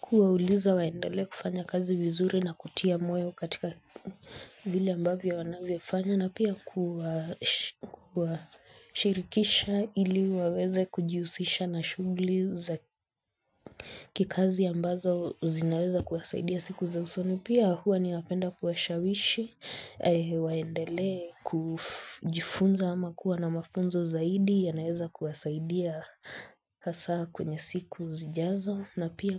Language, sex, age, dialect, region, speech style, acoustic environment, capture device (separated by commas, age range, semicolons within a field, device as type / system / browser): Swahili, female, 25-34, Kenya - Nairobi Sheng-influenced, Kenya, relaxed; focused, silence, mobile / Linux / Chrome